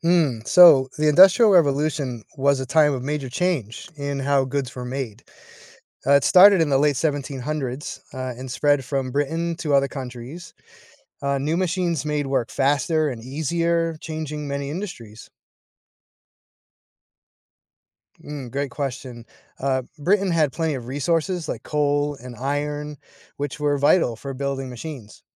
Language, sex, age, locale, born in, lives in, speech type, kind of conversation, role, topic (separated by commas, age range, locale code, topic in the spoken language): English, male, 45-49, en-US, United States, United States, read, expert-assistant, expert, What was the impact of the Industrial Revolution?